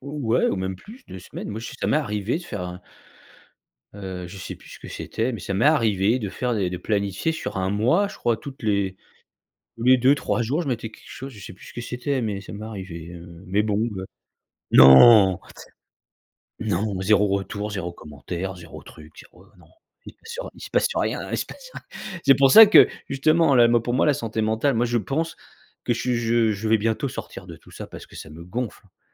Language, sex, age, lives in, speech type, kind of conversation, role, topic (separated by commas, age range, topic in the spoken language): French, male, 45-49, France, conversation, podcast, host, Comment prends-tu soin de ta santé mentale face aux réseaux sociaux ?